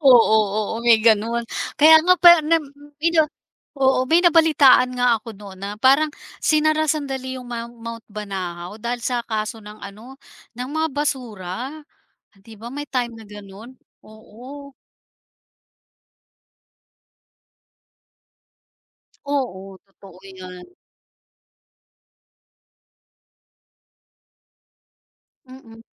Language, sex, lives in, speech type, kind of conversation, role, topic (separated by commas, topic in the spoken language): Filipino, female, Philippines, conversation, podcast, host, Paano ninyo itinatago ang pagkain at itinatabi ang basura kapag nagkakamping kayo?